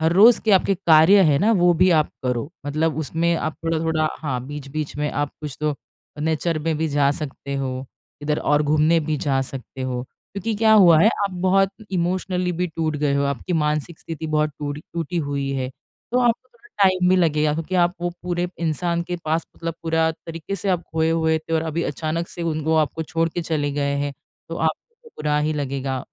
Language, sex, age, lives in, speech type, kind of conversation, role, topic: Hindi, female, 30-34, India, conversation, advice, advisor, मैं अपनी खोई हुई भावनाओं को स्वीकार करके आगे कैसे बढ़ूं?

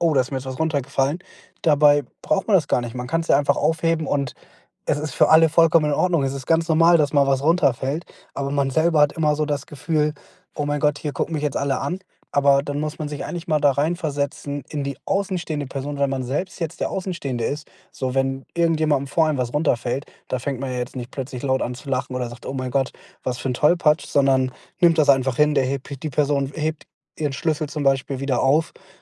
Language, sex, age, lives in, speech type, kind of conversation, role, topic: German, male, 25-29, Germany, conversation, advice, user, Wie finde ich den Mut, authentisch zu handeln?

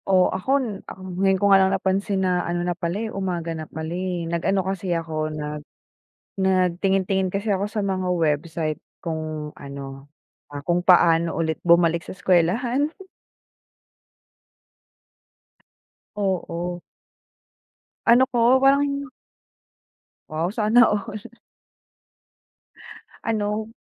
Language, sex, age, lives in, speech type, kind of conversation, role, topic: Filipino, female, 25-29, Philippines, conversation, unstructured, none, Paano mo ginagamit ang teknolohiya sa araw-araw mong pag-aaral, at ano ang palagay mo sa paggamit ng internet at midyang panlipunan para sa edukasyon?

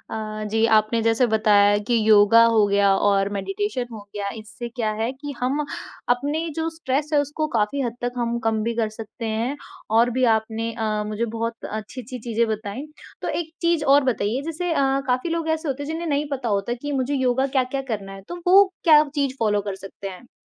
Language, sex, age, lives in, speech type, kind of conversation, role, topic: Hindi, female, 20-24, India, conversation, podcast, host, Tumhare experience mein hobbies se stress kaise kam hota hai?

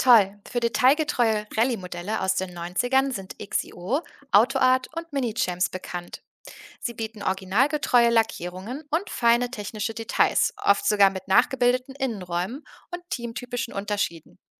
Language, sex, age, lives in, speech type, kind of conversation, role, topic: German, female, 30-34, Germany, read, expert-assistant, expert, Wie bringe ich Ordnung in meine Sammlung, ohne dass der Spaß dabei verloren geht?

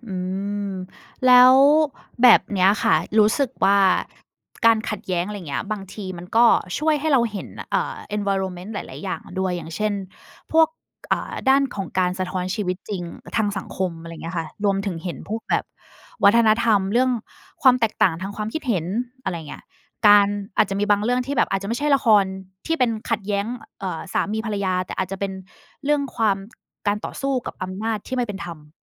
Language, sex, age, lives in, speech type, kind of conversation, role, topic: Thai, female, 30-34, Thailand, conversation, unstructured, none, ทำไมบางคนถึงชอบดูละครที่เต็มไปด้วยความขัดแย้ง?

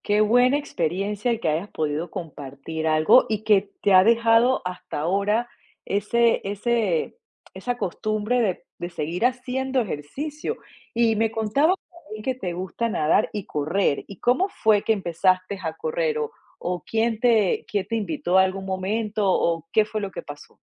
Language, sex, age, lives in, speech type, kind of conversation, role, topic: Spanish, female, 45-49, United States, conversation, podcast, host, ¿Cuál es tu pasatiempo favorito y por qué te atrapa tanto?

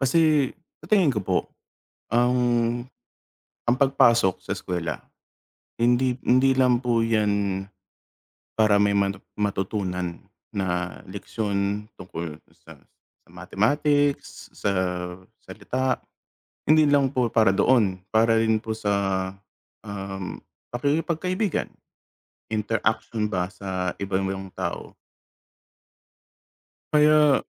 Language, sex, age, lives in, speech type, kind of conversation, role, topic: Filipino, male, 35-39, United States, conversation, unstructured, none, Paano nakaaapekto ang teknolohiya sa ating edukasyon?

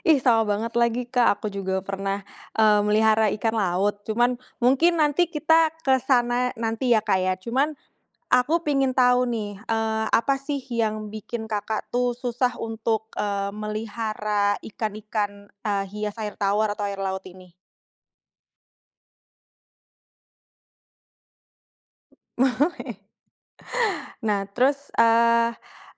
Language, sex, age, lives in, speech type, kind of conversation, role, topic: Indonesian, female, 30-34, Indonesia, conversation, podcast, host, Saran apa yang cocok buat orang yang pengin mulai hobi, tapi susah banget untuk mulai?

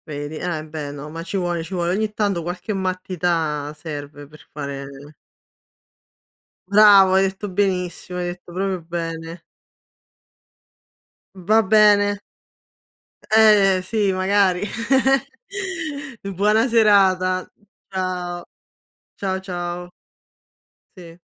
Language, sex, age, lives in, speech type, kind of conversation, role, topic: Italian, female, 30-34, Italy, conversation, unstructured, none, Qual è il ricordo più felice della tua infanzia?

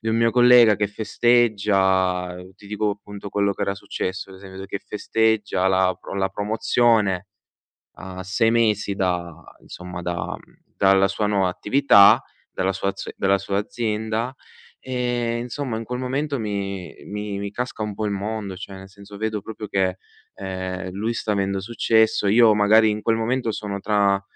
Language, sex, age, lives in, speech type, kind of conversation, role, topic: Italian, male, 20-24, Italy, conversation, advice, user, Come ti senti quando vedi sui social i successi degli altri e ti senti inferiore?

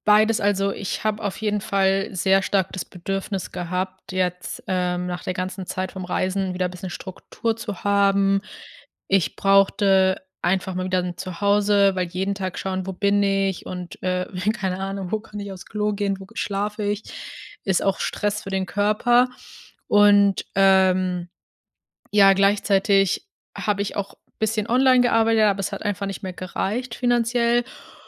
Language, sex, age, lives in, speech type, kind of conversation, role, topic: German, female, 25-29, Germany, conversation, advice, user, Wie kannst du deine Finanzen neu ordnen, Schulden abbauen und einen Sparplan erstellen?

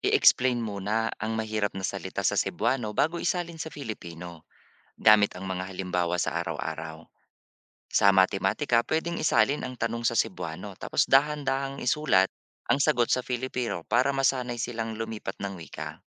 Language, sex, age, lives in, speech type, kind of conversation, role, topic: Filipino, male, 40-44, Philippines, read, expert-assistant, expert, Ano ang mga kalamangan at kahinaan ng pagpapanatili ng mga rehiyonal na wika kumpara sa pagtutok sa Filipino bilang pambansang wika?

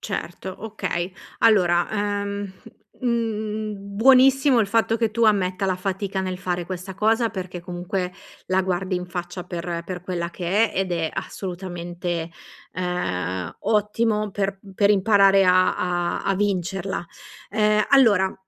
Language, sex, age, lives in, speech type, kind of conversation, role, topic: Italian, female, 40-44, Italy, conversation, advice, advisor, Come posso dire di no agli amici senza sentirmi in colpa?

